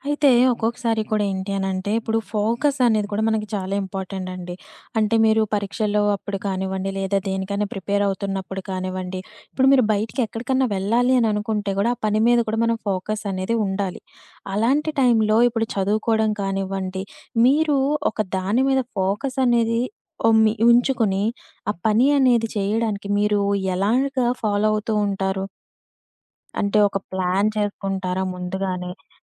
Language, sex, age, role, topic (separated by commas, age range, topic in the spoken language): Telugu, female, 25-29, host, ఇంట్లో ఏకాగ్రత పెంచుకోవడానికి మీరు ఏ పద్ధతులు ఉపయోగిస్తారు?